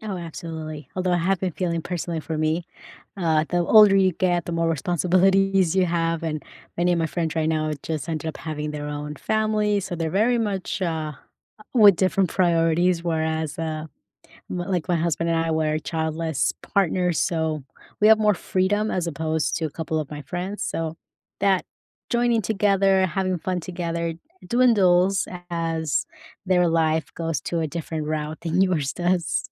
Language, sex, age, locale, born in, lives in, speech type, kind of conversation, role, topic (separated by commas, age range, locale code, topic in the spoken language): English, female, 30-34, en-US, United States, United States, conversation, unstructured, none, What outdoor activities boost your mood and make conversation easier?